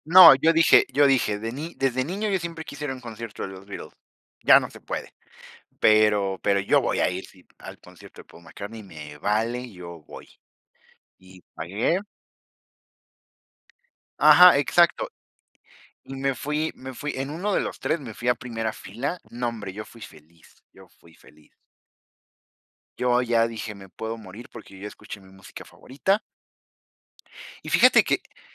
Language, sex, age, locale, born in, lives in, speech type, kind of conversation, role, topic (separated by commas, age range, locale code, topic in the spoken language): Spanish, female, 20-24, es-MX, Mexico, Mexico, conversation, unstructured, none, ¿Cómo influye la música en tu estado de ánimo diario?